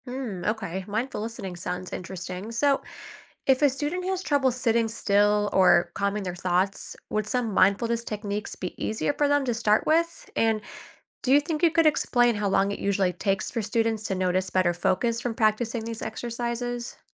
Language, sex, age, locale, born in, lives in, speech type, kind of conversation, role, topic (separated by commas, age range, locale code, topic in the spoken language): English, female, 25-29, en-US, United States, United States, read, expert-assistant, asker, How does mindfulness affect student concentration?